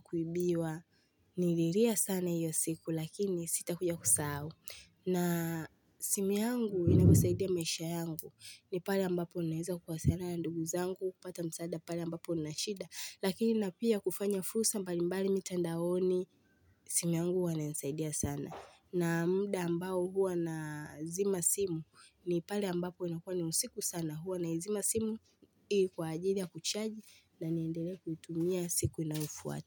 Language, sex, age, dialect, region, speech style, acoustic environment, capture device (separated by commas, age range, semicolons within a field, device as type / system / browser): Swahili, female, 18-24, Tanzania - Dar es Salaam, Tanzania, relaxed, people talking, mobile / Linux / Chrome